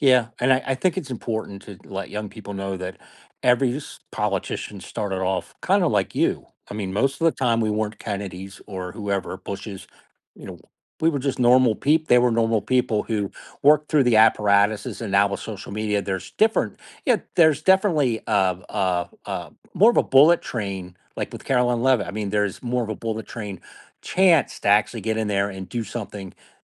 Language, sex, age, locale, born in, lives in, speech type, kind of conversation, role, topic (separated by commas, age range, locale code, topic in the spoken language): English, male, 50-54, en-US, United States, United States, conversation, podcast, host, What motivates young people to become active in politics and how can they make a difference?